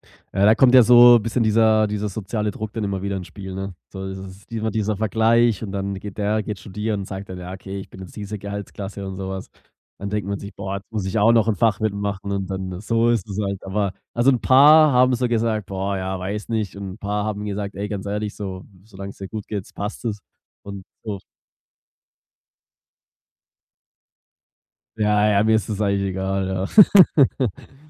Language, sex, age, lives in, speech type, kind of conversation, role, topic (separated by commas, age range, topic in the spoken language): German, male, 25-29, Germany, conversation, podcast, guest, Welche Reise hat dich persönlich am meisten verändert?